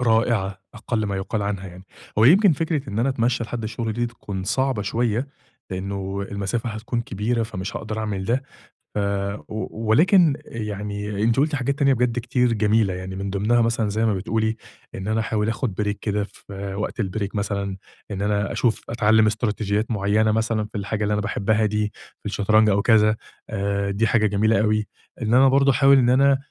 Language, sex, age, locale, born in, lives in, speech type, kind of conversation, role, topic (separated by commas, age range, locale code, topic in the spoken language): Arabic, male, 30-34, ar-EG, Egypt, Egypt, conversation, advice, user, إزاي أوازن بين الشغل والهوايات من غير ما أحس بالذنب أو التعب؟